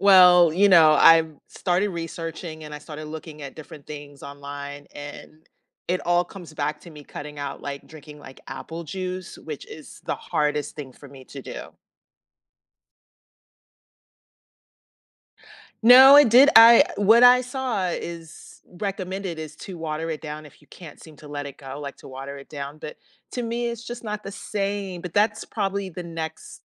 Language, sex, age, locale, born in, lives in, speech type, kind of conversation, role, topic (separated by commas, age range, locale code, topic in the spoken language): English, female, 50-54, en-US, United States, United States, conversation, unstructured, none, How can we add simple movement and play to feel more connected?